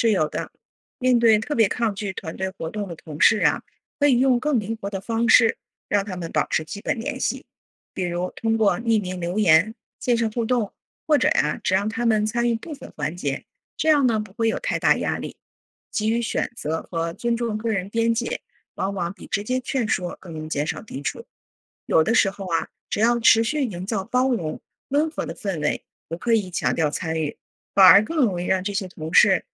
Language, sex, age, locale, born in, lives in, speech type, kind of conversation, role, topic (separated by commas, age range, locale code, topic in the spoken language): Chinese, female, 40-44, zh-CN, China, United States, read, expert-assistant, expert, 社会工作者如何保持身心健康和增强团队支持感？